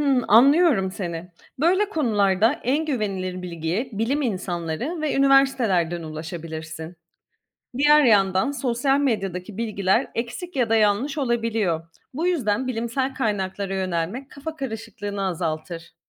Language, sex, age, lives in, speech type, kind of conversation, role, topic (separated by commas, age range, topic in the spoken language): Turkish, female, 35-39, Greece, read, expert-assistant, expert, Kozmolojiyle ilgili okuduğum bilgilerin doğruluğunu nasıl kontrol edebilirim?